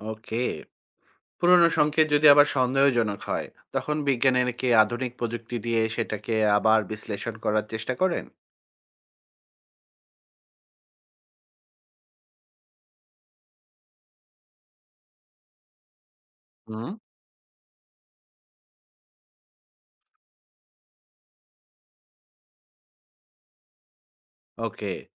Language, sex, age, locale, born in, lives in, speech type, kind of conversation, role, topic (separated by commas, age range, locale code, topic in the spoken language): Bengali, male, 40-44, bn-BD, Bangladesh, Finland, read, expert-assistant, asker, বড় বড় টেলিস্কোপ ছাড়াও মহাবিশ্বের রহস্য জানার জন্য বিজ্ঞানীরা আর কী কী উপায়ে তথ্য সংগ্রহ করেন?